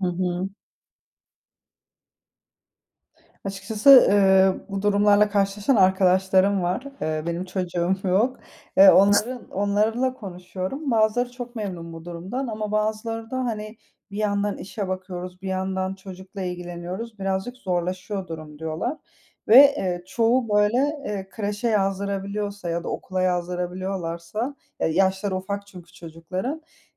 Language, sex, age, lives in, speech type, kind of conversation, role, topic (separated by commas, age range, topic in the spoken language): Turkish, female, 30-34, Spain, conversation, podcast, guest, Sence uzaktan çalışma daha kalıcı hâle gelir mi?